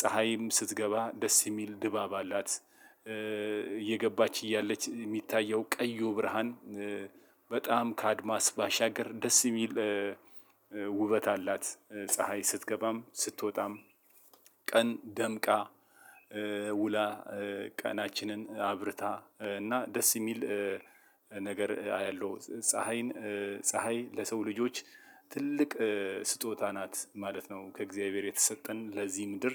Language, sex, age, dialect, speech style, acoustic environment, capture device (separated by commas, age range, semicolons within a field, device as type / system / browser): Amharic, male, 35-44, Ethiopia - Addis Ababa, relaxed, silence, mobile / Linux / Chrome